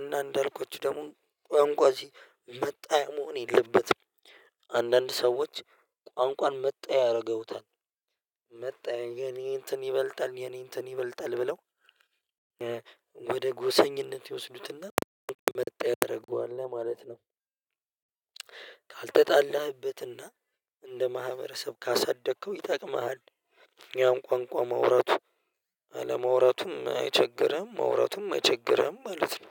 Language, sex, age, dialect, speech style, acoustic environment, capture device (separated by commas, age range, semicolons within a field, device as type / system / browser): Amharic, male, 25-34, Ethiopia - Addis Ababa, happy; relaxed, nature; silence, mobile / Linux / Chrome